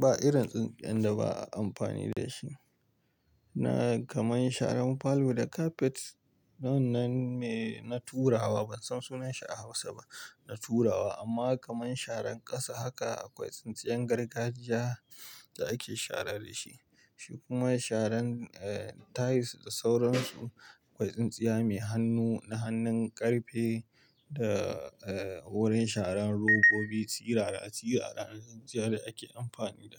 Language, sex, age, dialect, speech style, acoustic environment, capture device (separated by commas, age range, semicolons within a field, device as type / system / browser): Hausa, male, 25-34, Nigeria - Pidgin-influenced, relaxed; tired; stressed, nature, mobile / Linux / Chrome